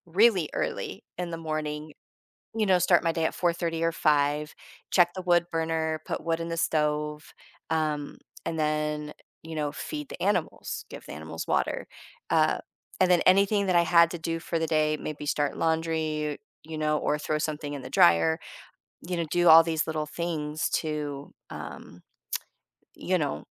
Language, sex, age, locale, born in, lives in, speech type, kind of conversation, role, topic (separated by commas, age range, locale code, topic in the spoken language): English, female, 40-44, en-US, United States, United States, conversation, podcast, guest, How do your morning routines shape the rest of your day?